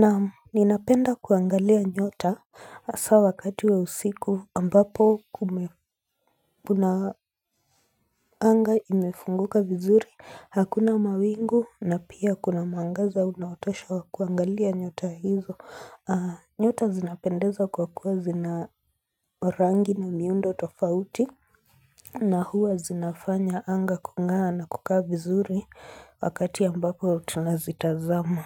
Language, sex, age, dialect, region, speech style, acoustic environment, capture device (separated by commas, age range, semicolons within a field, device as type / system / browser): Swahili, female, 25-34, Kenya - Nairobi Sheng-influenced, Kenya, relaxed; focused, silence, mobile / Linux / Chrome